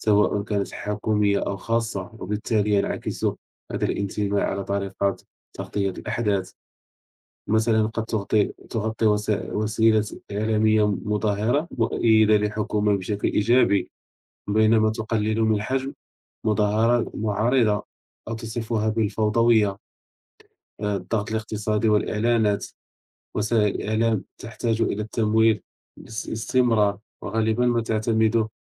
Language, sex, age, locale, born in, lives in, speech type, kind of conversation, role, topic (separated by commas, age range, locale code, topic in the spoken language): Arabic, male, 30-34, ar-MA, Morocco, France, conversation, unstructured, none, هل بتحس إن في تحيّز في تغطية الأخبار؟